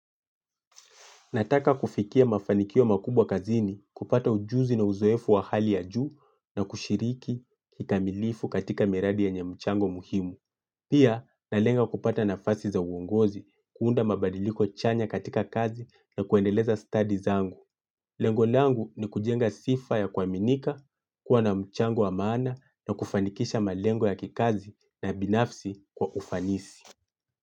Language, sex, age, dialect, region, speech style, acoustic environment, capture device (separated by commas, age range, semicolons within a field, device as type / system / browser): Swahili, male, 25-34, Kenya - Mombasa, Kenya, focused, silence, mobile / Linux / Chrome